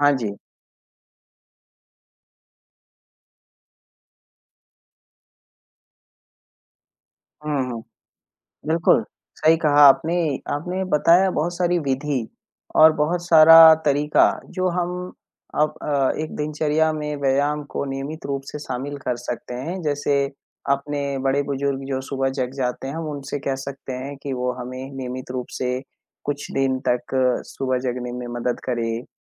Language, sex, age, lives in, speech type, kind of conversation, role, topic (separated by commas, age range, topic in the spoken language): Hindi, male, 25-29, India, conversation, unstructured, none, आपकी पसंदीदा व्यायाम विधि क्या है?